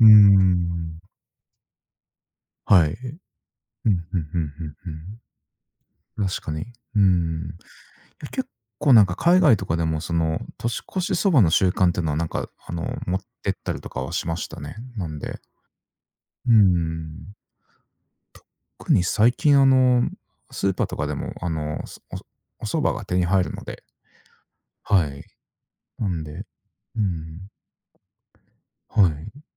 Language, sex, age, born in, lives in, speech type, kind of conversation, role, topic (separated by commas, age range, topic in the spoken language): Japanese, male, 40-44, Japan, Japan, conversation, unstructured, none, なぜお正月は特別な日だと思いますか？